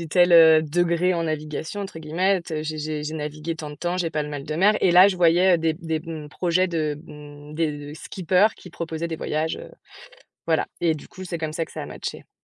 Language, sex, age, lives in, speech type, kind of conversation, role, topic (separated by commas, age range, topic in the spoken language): French, female, 30-34, France, conversation, podcast, guest, Qu’est-ce que ce hobby t’a appris sur toi-même ?